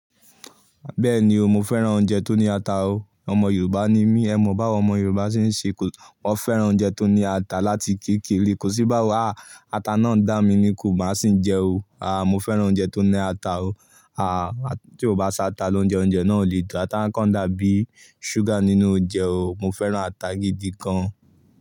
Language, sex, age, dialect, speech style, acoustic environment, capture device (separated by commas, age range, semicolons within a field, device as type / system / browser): Yoruba, male, 18-24, Nigeria - Ibadan, focused, silence, mobile / Linux / Chrome